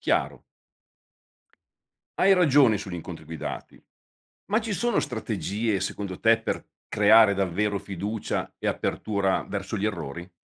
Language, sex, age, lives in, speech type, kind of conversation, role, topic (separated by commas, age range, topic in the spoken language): Italian, male, 55-59, Italy, read, expert-assistant, asker, Cosa aiuta di più a imparare il lavoro sociale: l’esperienza sul campo o i corsi teorici?